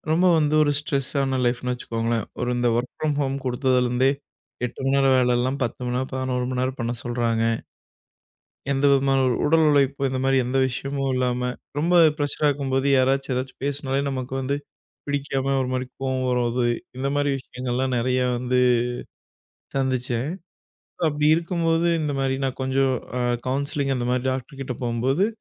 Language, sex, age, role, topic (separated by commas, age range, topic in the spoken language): Tamil, male, 25-29, guest, தியானத்தை தினமும் தொடரச் செய்ய உதவும் சிறிய வழிகள் என்ன?